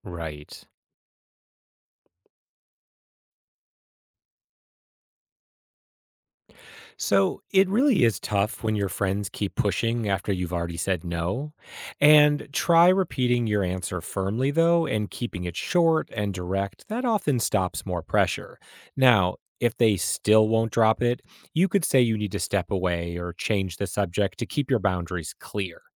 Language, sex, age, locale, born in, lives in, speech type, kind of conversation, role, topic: English, male, 45-49, en-US, United States, United States, read, expert-assistant, expert, How can you deal with peer pressure?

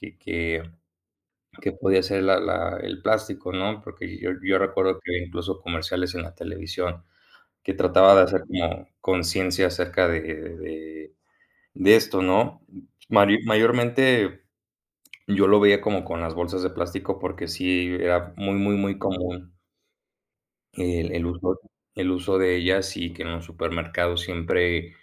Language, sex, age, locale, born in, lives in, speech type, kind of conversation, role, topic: Spanish, male, 20-24, es-MX, Mexico, Mexico, conversation, unstructured, none, ¿Qué opinas sobre el uso excesivo de plásticos en nuestra vida diaria?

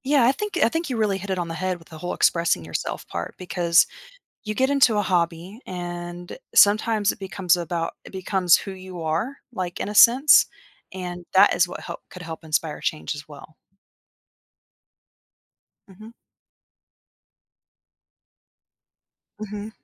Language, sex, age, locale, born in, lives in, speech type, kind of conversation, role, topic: English, female, 30-34, en-US, United States, United States, conversation, unstructured, none, How do hobbies encourage personal growth and new experiences?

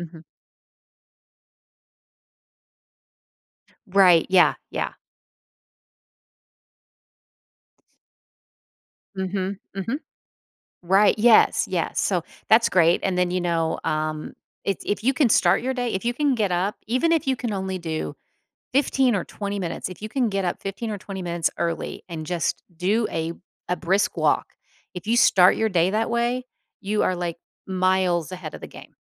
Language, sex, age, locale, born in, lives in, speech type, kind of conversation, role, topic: English, female, 50-54, en-US, United States, United States, conversation, advice, advisor, How can I stay motivated while learning a difficult new skill?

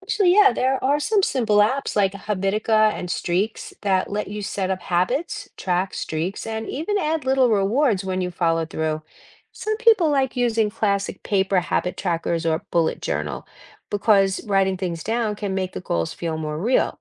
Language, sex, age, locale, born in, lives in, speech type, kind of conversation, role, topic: English, female, 60-64, en-US, United States, United States, read, expert-assistant, expert, Why is it important to set goals?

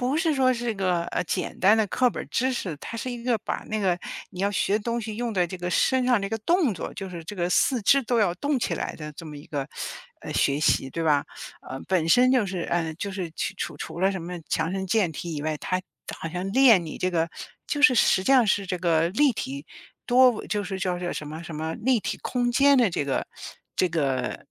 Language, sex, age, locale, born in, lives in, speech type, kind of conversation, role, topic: Chinese, female, 55-59, zh-CN, China, United States, conversation, unstructured, none, 课堂上哪个活动让你印象最深刻？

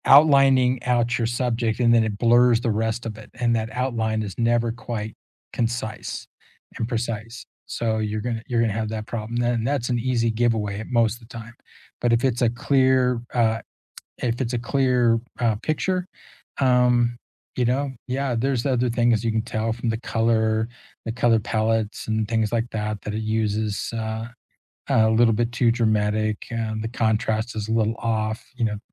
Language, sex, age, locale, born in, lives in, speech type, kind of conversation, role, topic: English, male, 60-64, en-US, United States, United States, conversation, unstructured, none, What is a hobby you have rediscovered recently?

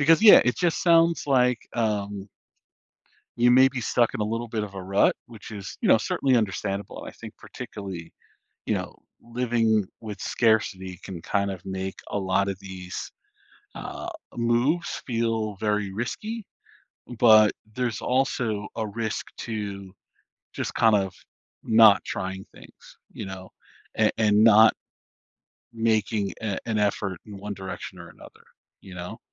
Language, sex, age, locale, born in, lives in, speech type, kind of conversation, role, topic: English, male, 40-44, en-US, United States, United States, conversation, advice, advisor, How can I save for a big purchase?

